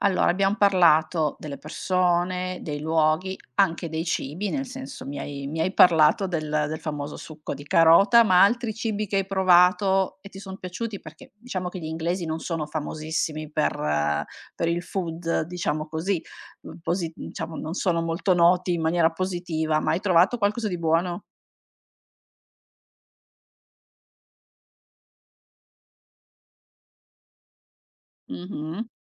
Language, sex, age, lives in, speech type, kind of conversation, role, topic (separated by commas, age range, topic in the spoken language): Italian, female, 45-49, Italy, conversation, podcast, host, Raccontami di un viaggio in cui hai camminato senza meta?